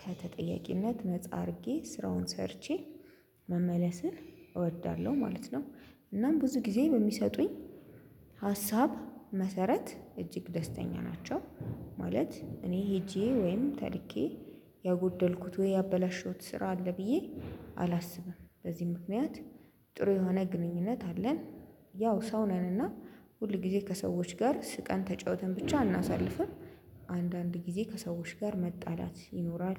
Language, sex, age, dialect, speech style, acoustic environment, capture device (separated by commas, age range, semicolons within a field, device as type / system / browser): Amharic, female, 25-34, Ethiopia - Addis Ababa, happy, silence; construction, mobile / Linux / Chrome